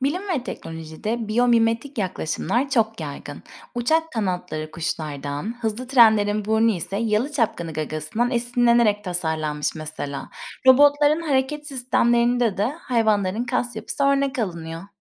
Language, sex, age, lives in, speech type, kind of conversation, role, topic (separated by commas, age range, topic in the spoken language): Turkish, female, 30-34, Spain, read, expert-assistant, expert, Hayvanlara bakmak, hayata ve bilgiye bakışımızı nasıl değiştirir?